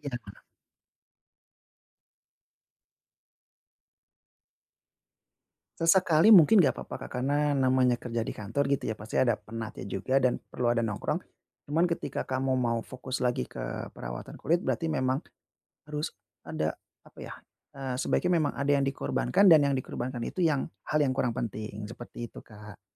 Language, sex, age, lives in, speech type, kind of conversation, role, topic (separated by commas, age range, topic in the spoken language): Indonesian, male, 30-34, Indonesia, conversation, advice, advisor, Bagaimana cara menemukan waktu setiap hari untuk merawat diri di tengah jadwal yang padat?